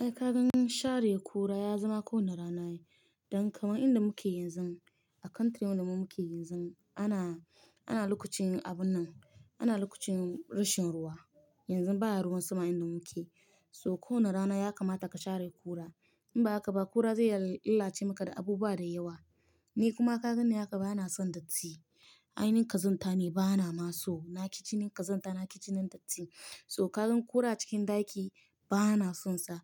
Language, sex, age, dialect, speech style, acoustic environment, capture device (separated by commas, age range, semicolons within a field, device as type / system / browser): Hausa, female, 25-34, Nigeria - Nigerian Standard, happy; relaxed; excited; focused, silence, mobile / Linux / Chrome